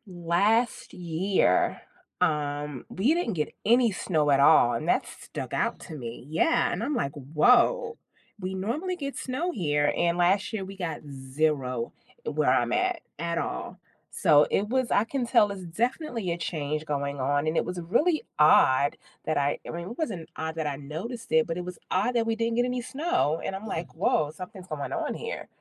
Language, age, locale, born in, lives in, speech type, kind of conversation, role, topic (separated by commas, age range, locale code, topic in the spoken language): English, 40-44, en-US, United States, United States, conversation, unstructured, none, What concerns you most about the impact of climate change on our future?